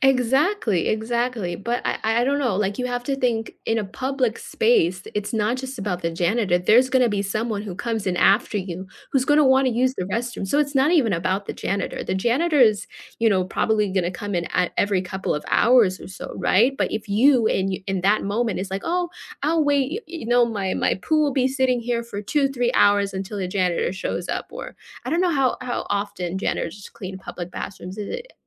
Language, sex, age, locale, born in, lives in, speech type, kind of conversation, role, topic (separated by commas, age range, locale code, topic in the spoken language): English, female, 25-29, en-US, United States, United States, conversation, unstructured, none, What is your reaction when people do not flush the toilet properly?